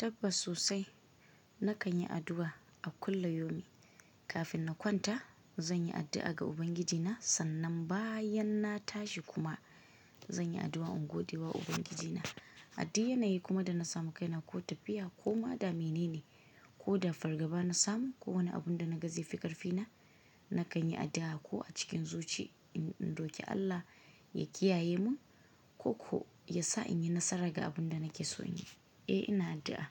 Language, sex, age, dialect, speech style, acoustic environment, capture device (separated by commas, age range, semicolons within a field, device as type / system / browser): Hausa, female, 25-34, Nigeria - Kano, relaxed; focused, silence; nature; construction, mobile / Linux / Chrome